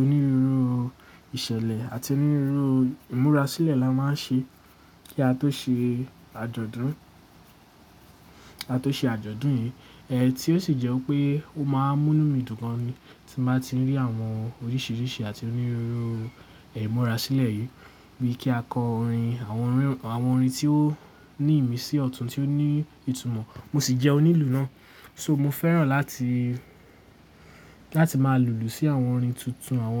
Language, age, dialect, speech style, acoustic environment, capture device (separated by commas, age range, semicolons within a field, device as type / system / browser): Yoruba, 18-24, Nigeria - Lagos Yoruba, relaxed, nature; appliances, mobile / Linux / Chrome